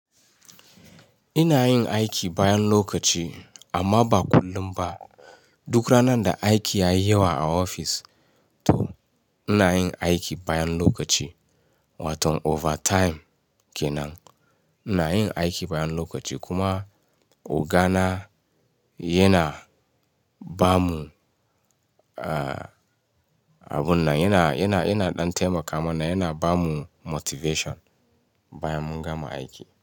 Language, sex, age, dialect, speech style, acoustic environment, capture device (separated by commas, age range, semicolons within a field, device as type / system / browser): Hausa, male, 35-44, Nigeria - Ibadan, relaxed; focused, silence, mobile / Linux / Chrome